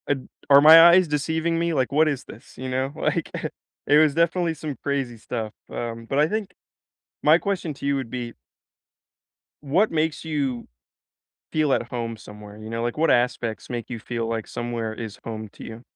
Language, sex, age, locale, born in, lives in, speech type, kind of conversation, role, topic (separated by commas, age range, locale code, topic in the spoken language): English, male, 18-19, en-US, United States, United States, conversation, unstructured, none, Which places feel like home to you, and how do they shape your sense of belonging?